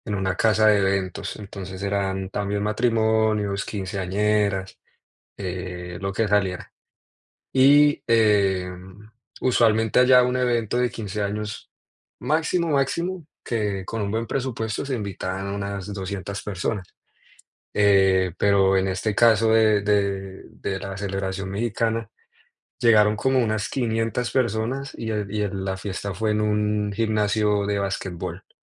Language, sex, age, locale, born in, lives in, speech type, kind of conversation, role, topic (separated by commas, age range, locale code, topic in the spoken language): Spanish, male, 20-24, es-CO, Colombia, United States, conversation, unstructured, none, ¿Has tenido alguna experiencia divertida en una fiesta cultural?